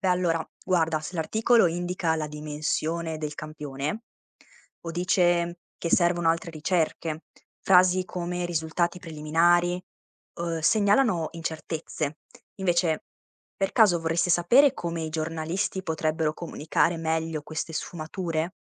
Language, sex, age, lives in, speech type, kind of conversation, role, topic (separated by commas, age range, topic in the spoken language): Italian, female, 20-24, Italy, read, expert-assistant, expert, Quali strategie posso usare per capire se una notizia ambientale è davvero affidabile?